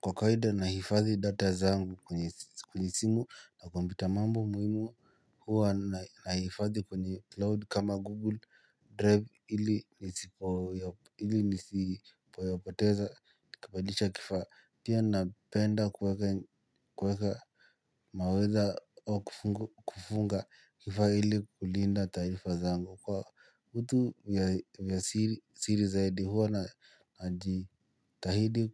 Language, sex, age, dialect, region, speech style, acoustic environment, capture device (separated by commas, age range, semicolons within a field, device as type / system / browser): Swahili, male, 18-24, Kenya - Nairobi Sheng-influenced, Kenya, relaxed, silence, mobile / Linux / Chrome